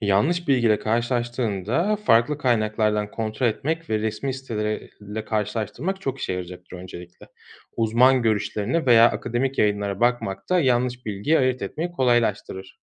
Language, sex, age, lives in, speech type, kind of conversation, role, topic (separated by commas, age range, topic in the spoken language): Turkish, male, 35-39, Germany, read, expert-assistant, expert, ‘Kendi Kendine Öğrenme’ tam olarak ne anlama geliyor ve eğitimde nasıl bir rol oynuyor?